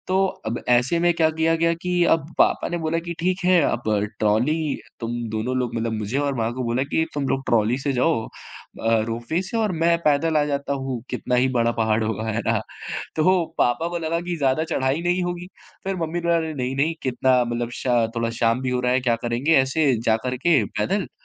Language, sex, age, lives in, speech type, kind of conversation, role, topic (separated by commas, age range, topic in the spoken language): Hindi, male, 20-24, India, conversation, podcast, guest, यादगार प्रकृति-यात्रा के बारे में बताएँ